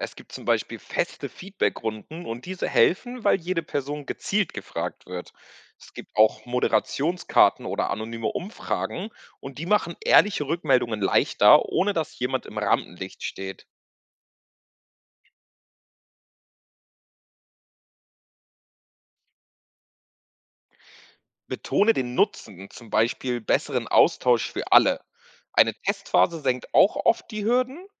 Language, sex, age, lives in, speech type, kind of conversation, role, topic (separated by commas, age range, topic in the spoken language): German, male, 20-24, Portugal, read, expert-assistant, expert, Was steckt hinter dem Satz: „Wir arbeiten hier alle auf Augenhöhe“ – stimmt das immer oder gibt es Unterschiede?